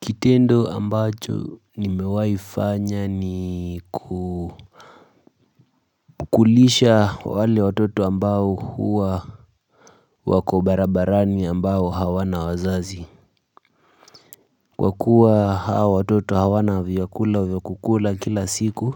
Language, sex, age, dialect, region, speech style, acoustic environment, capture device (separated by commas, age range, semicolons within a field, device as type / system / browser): Swahili, male, 18-24, Kenya - Nairobi Sheng-influenced, Kenya, nervous, construction, mobile / Linux / Chrome